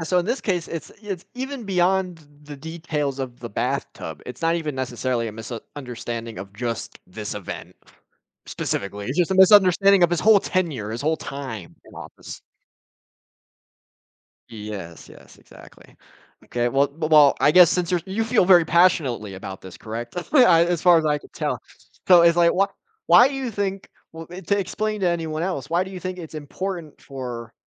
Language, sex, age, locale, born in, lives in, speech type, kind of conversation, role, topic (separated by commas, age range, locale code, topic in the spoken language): English, male, 20-24, en-US, United States, United States, conversation, podcast, host, Why do so many people believe myths about major moments in history?